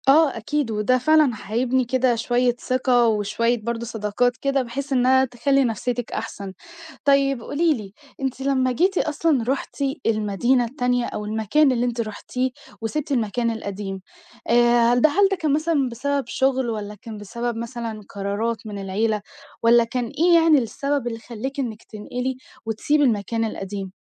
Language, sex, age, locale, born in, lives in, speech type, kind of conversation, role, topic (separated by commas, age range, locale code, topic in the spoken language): Arabic, female, 18-19, ar-EG, Egypt, Egypt, conversation, advice, advisor, إزاي أوصف تجربة إني انتقلت لمدينة جديدة واتأقلمت مع حياة ومحيط مختلف؟